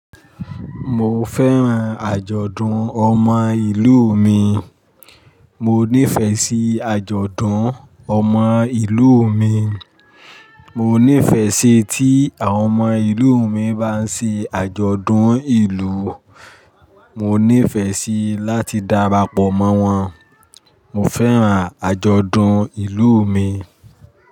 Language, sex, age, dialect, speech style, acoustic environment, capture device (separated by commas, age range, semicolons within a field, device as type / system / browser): Yoruba, male, 45-54, Nigeria - Ibadan, happy, people talking, mobile / Linux / Chrome